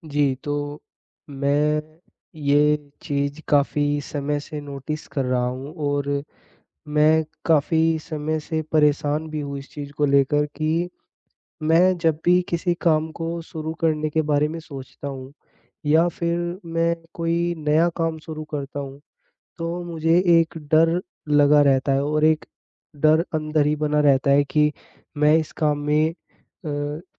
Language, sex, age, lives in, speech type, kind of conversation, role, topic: Hindi, male, 20-24, India, conversation, advice, user, परफेक्शनिज़्म की वजह से मैं काम शुरू नहीं कर पाता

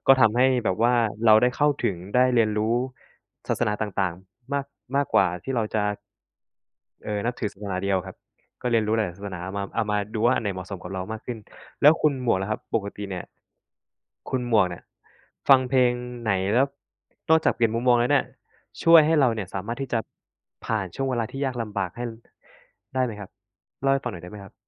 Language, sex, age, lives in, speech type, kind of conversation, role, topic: Thai, male, 25-29, Thailand, conversation, unstructured, none, เพลงไหนที่เคยให้แรงบันดาลใจกับคุณมากที่สุด?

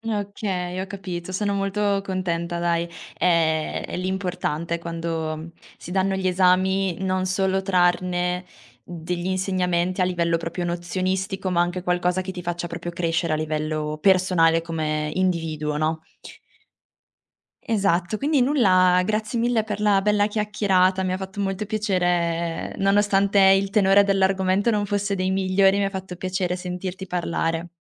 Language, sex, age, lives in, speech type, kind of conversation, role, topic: Italian, female, 20-24, Italy, conversation, podcast, host, Ti va di raccontare un fallimento da cui hai imparato molto?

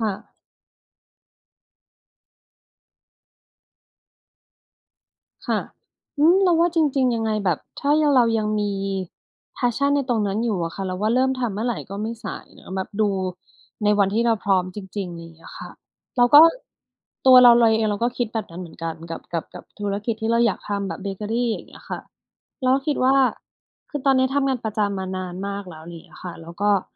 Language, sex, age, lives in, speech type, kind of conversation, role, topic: Thai, female, 40-44, Thailand, conversation, unstructured, none, คุณเคยรู้สึกว่าครอบครัวไม่สนับสนุนคุณไหม และคุณโกรธเพราะอะไร?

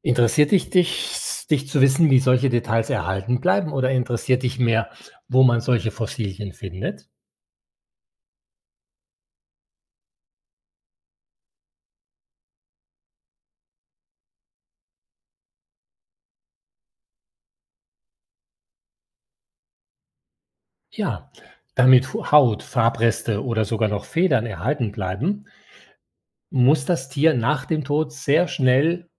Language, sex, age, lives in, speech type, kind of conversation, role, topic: German, male, 60-64, Spain, read, expert-assistant, expert, Wie entstehen Fossilien?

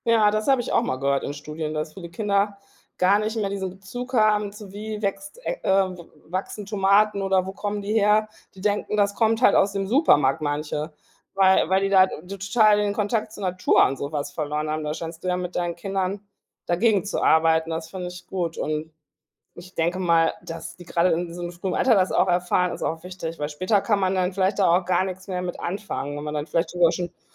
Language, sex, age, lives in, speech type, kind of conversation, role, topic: German, female, 40-44, Germany, conversation, podcast, host, Was nimmst du aus der Natur mit in deinen Alltag?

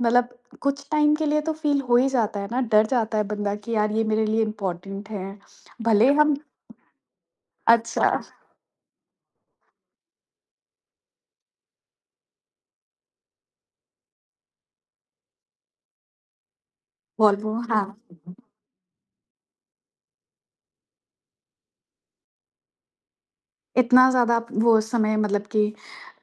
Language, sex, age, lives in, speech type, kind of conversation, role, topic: Hindi, female, 30-34, India, conversation, podcast, host, Travel ke dauran kabhi tumhara luggage kho gaya tha, phir kya hua aur woh kaise mila?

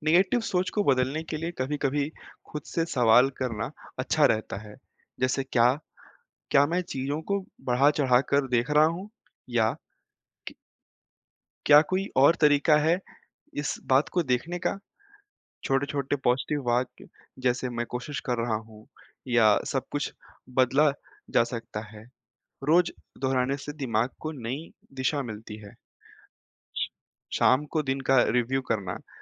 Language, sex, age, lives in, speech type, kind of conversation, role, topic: Hindi, male, 20-24, India, read, expert-assistant, expert, कठिन समय में धैर्य कैसे रखा जाए?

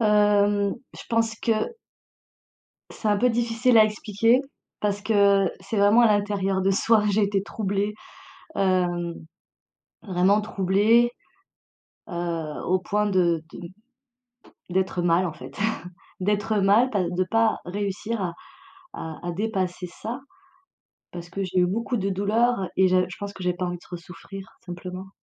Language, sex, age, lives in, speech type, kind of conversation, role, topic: French, female, 40-44, France, conversation, advice, user, Hésites-tu à commencer à sortir avec quelqu’un de nouveau ?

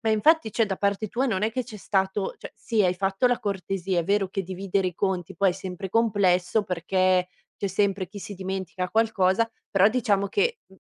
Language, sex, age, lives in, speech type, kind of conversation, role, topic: Italian, female, 30-34, Italy, conversation, podcast, host, Raccontami di un errore che ti ha insegnato molto.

